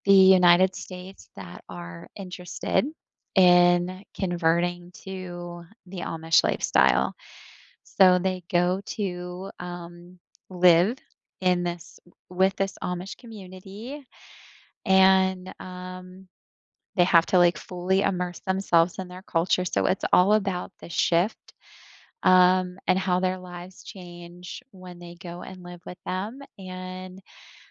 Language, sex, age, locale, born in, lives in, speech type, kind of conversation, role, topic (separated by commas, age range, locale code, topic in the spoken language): English, female, 40-44, en-US, United States, United States, conversation, unstructured, none, Which reality shows are your guilty pleasures, and what makes them so addictively fun?